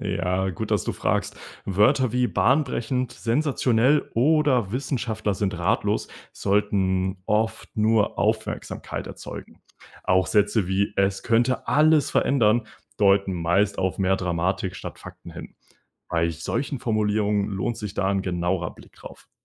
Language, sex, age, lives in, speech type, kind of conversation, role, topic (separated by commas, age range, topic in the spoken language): German, male, 20-24, Germany, read, expert-assistant, expert, Woran erkenne ich, ob Nachrichten über neue Entdeckungen im Weltraum vertrauenswürdig sind?